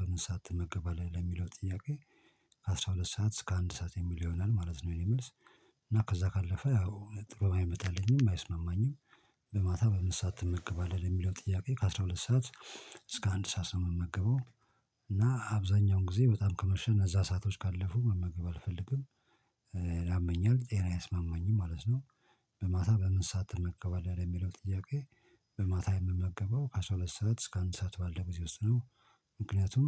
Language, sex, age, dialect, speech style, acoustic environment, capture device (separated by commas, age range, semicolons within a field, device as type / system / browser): Amharic, male, 45-54, Ethiopia - Addis Ababa, focused, silence, mobile / Linux / Chrome